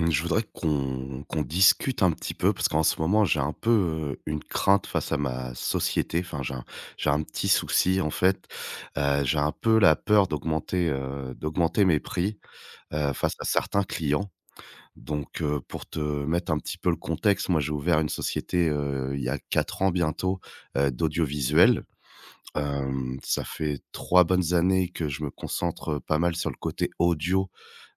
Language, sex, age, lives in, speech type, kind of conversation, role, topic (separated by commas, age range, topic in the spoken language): French, male, 35-39, France, conversation, advice, user, Comment puis-je augmenter mes prix sans perdre de clients ?